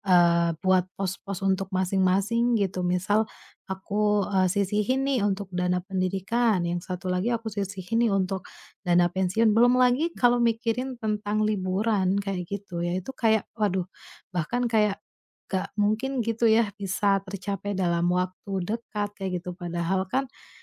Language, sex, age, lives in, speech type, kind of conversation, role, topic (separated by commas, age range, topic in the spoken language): Indonesian, female, 30-34, Indonesia, conversation, advice, user, Bagaimana saya menentukan prioritas menabung untuk pensiun, pendidikan anak, atau membeli rumah?